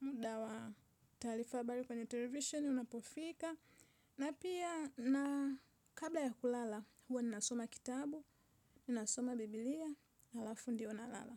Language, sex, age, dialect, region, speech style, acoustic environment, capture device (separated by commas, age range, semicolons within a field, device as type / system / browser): Swahili, female, 25-34, Tanzania - Dar es Salaam, Tanzania, relaxed, nature; silence; appliances, mobile / Linux / Chrome